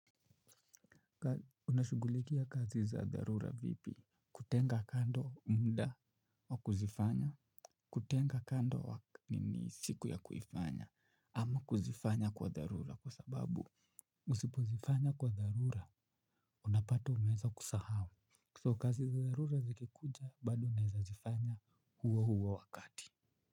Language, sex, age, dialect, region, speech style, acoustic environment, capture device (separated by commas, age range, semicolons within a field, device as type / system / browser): Swahili, male, 25-34, Kenya - Nairobi Sheng-influenced, Kenya, relaxed, silence, mobile / Linux / Chrome